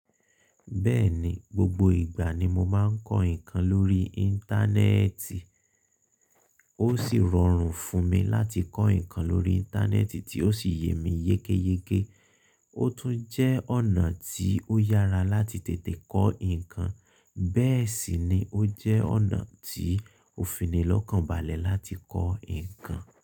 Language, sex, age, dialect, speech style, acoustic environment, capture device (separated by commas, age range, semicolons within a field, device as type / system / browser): Yoruba, male, 25-34, Nigeria - Ibadan, focused, appliances, mobile / Linux / Chrome